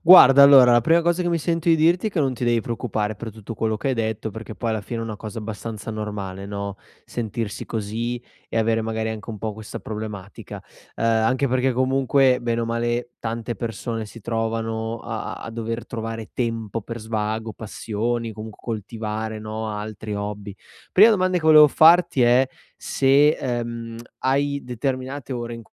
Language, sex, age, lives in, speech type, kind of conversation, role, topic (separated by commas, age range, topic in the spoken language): Italian, male, 25-29, Italy, conversation, advice, advisor, Come posso trovare tempo per lo svago e coltivare le mie passioni senza stressarmi?